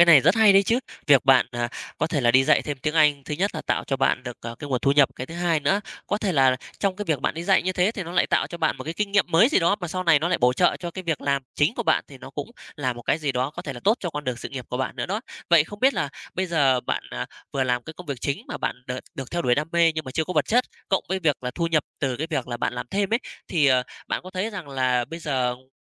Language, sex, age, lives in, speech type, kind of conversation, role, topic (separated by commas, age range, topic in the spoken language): Vietnamese, male, 35-39, Vietnam, conversation, podcast, host, Bạn cân nhắc và lựa chọn giữa đam mê và tiền bạc như thế nào?